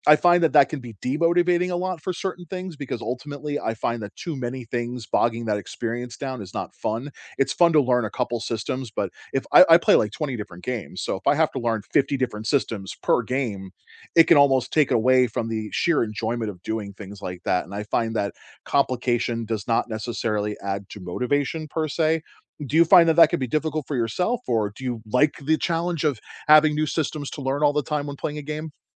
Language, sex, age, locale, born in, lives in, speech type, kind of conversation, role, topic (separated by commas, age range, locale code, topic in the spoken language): English, male, 35-39, en-US, United States, United States, conversation, unstructured, none, How do you stay motivated when learning something new?